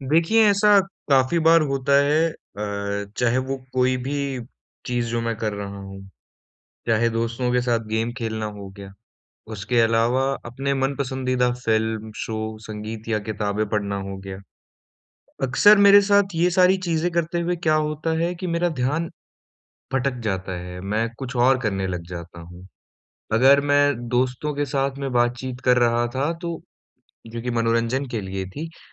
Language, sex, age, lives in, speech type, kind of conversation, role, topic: Hindi, male, 20-24, India, conversation, advice, user, मनोरंजन करते समय मैं अपना ध्यान कैसे बनाए रखूँ?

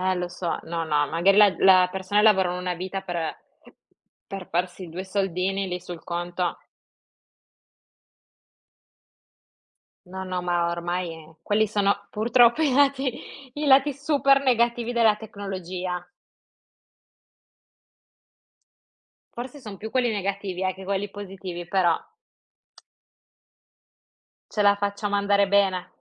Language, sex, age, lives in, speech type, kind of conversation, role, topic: Italian, female, 25-29, Italy, conversation, unstructured, none, Secondo te, la tecnologia rende le persone più connesse o più isolate?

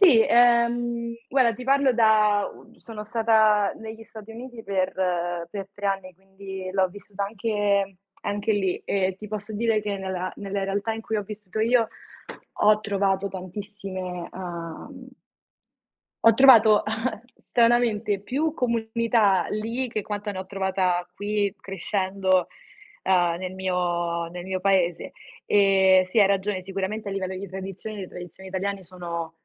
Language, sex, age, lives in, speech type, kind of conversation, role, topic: Italian, female, 20-24, Italy, conversation, unstructured, none, Che cosa ti rende orgoglioso del tuo paese?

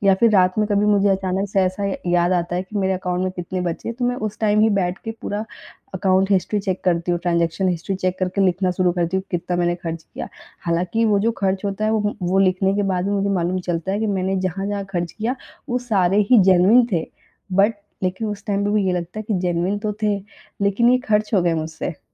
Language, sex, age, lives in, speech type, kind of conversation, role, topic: Hindi, female, 25-29, India, conversation, advice, user, बजट बनाने के बावजूद आप अपने खर्चों पर नियंत्रण क्यों नहीं रख पा रहे/रही हैं?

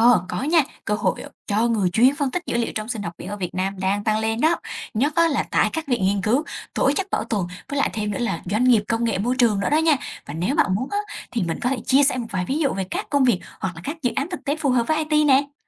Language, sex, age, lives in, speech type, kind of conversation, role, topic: Vietnamese, female, 20-24, Vietnam, read, expert-assistant, expert, Khi đứng trước nhiều lựa chọn, làm sao biết mình phù hợp với hướng nghiên cứu sinh học biển?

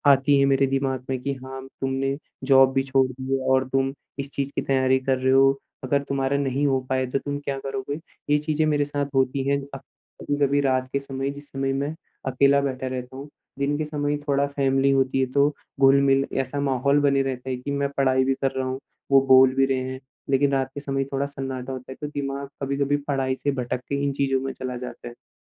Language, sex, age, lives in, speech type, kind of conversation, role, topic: Hindi, male, 25-29, India, conversation, advice, user, मेरा लक्ष्य पूरा करने का उत्साह क्यों कम हो गया है और मैं इसे कैसे वापस ला सकता/सकती हूँ?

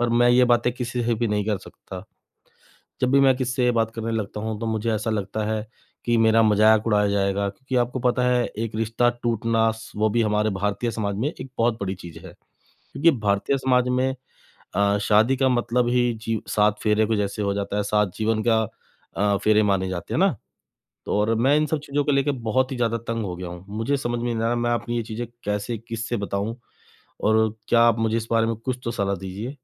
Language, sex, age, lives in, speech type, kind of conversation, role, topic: Hindi, male, 35-39, India, conversation, advice, user, शर्मनाक पल के बाद मैं अपना आत्म-सम्मान और खुद पर भरोसा फिर से कैसे जगा सकता/सकती हूँ?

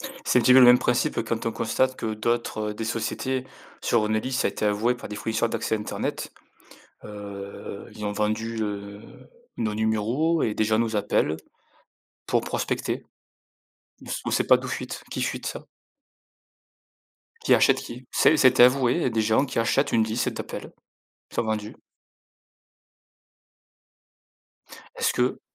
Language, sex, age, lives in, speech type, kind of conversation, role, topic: French, male, 35-39, France, conversation, unstructured, none, Comment garantir une utilisation éthique et transparente de l’IA ?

